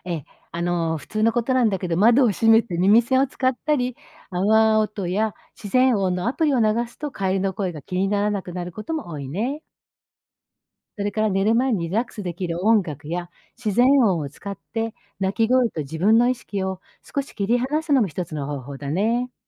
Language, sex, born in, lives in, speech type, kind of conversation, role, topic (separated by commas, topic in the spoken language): Japanese, female, Japan, Japan, read, expert-assistant, expert, どうしてカエルは鳴くのでしょうか？